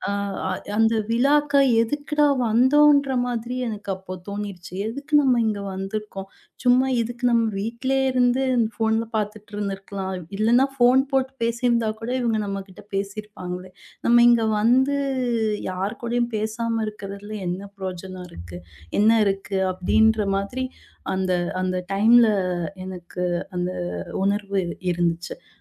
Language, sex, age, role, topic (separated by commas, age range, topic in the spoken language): Tamil, female, 30-34, guest, குடும்ப விருந்தின்போது கைபேசி பயன்படுத்தாமல் இருக்க என்ன விதிமுறைகள் பின்பற்றலாம்?